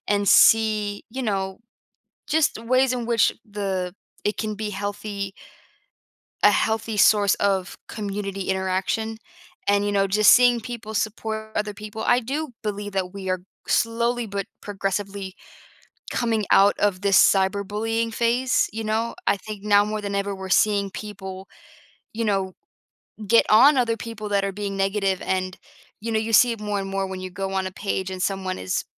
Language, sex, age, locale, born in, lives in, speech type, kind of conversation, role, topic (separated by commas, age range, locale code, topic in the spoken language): English, female, 25-29, en-US, United States, United States, conversation, podcast, host, How does the way news is reported shape our understanding of the world?